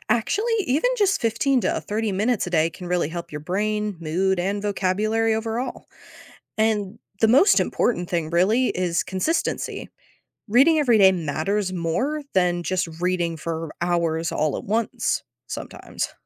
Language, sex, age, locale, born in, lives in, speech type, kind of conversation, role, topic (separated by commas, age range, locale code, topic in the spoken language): English, female, 18-19, en-US, United States, United States, read, expert-assistant, expert, What are the benefits of reading every day?